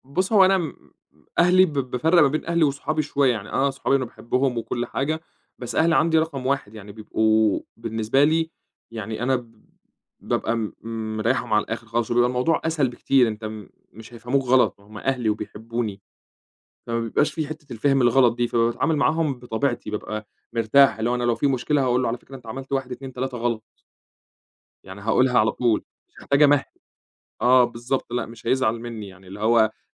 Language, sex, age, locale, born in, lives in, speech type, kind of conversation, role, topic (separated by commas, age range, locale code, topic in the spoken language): Arabic, male, 25-29, ar-EG, Egypt, Egypt, conversation, podcast, guest, الصراحة في الشغل مفيدة ولا ممكن تبقى خطر؟